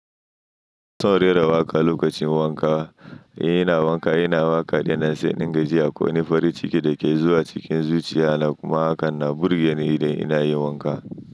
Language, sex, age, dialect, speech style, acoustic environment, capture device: Hausa, male, 25-34, Nigeria - Nigerian Standard, happy, silence; nature, mobile / Linux / Chrome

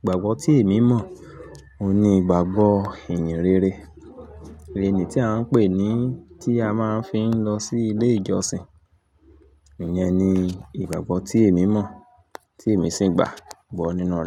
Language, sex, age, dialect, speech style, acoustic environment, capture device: Yoruba, male, 35-44, Nigeria - Ibadan, excited, people talking, mobile / Linux / Chrome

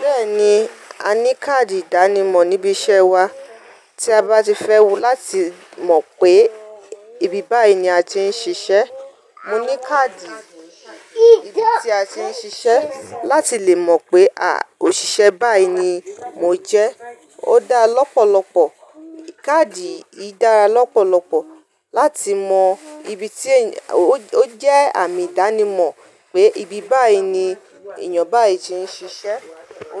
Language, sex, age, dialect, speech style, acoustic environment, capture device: Yoruba, female, 35-44, Nigeria - Ibadan, relaxed; focused, nature; silence, mobile / Linux / Chrome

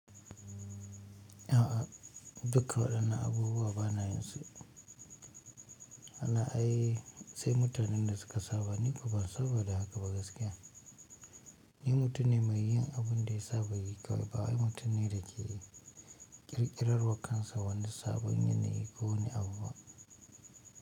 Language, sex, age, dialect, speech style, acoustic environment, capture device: Hausa, male, 25-34, Nigeria - Kano, focused, nature, mobile / Linux / Chrome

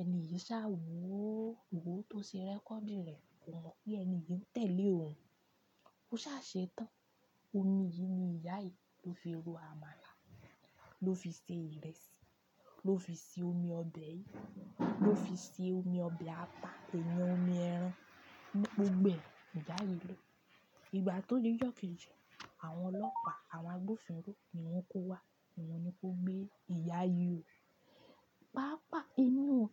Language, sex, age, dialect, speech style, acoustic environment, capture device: Yoruba, female, 25-34, Nigeria - Ibadan, happy, music, desktop / Linux / Chrome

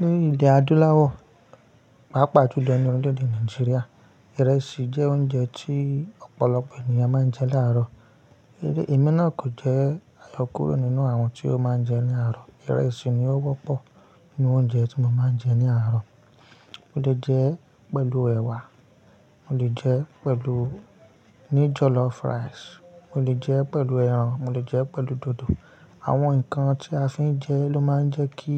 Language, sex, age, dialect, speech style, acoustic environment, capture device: Yoruba, male, 25-34, Nigeria - Ibadan, happy; focused, nature; silence, mobile / Linux / Chrome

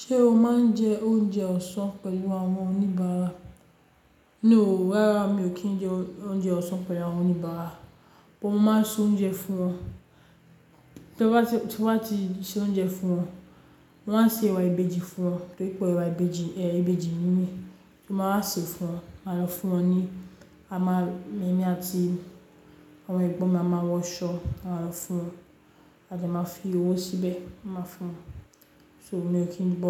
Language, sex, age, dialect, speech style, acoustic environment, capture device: Yoruba, female, 35-44, Nigeria - Lagos Yoruba, excited, nature, mobile / Linux / Chrome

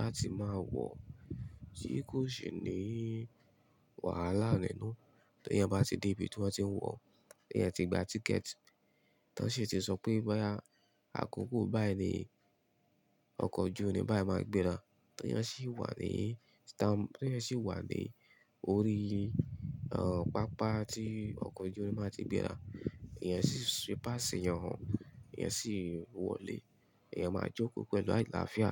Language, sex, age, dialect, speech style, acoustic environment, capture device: Yoruba, male, 25-34, Nigeria - Ibadan, happy, nature, mobile / Linux / Chrome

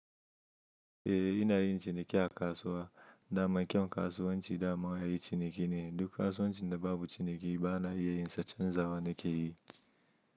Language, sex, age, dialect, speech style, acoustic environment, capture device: Hausa, male, 25-34, Nigeria - Kano, happy, silence, mobile / Linux / Chrome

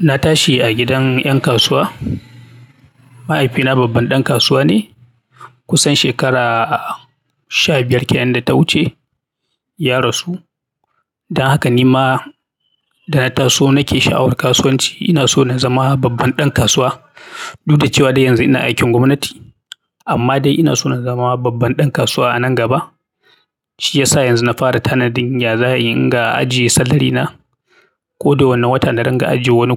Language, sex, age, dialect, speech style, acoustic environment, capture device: Hausa, male, 35-44, Nigeria - Kano, happy; anxious; excited; focused, silence, mobile / Linux / Chrome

